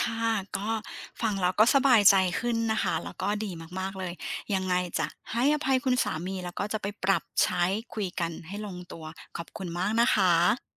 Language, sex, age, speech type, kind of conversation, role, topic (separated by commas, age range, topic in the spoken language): Thai, female, 40-44, conversation, advice, user, จะเริ่มคุยเรื่องเงินกับคนใกล้ชิดโดยไม่รู้สึกอึดอัดได้อย่างไร?